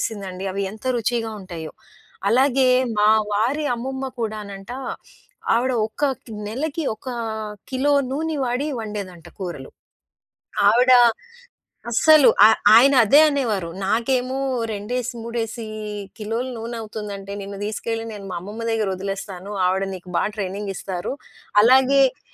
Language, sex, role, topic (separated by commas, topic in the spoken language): Telugu, female, guest, మీ అమ్మ లేదా నానమ్మ వండే ఏదైనా ప్రత్యేక వంటకం మీకు గుర్తుందా?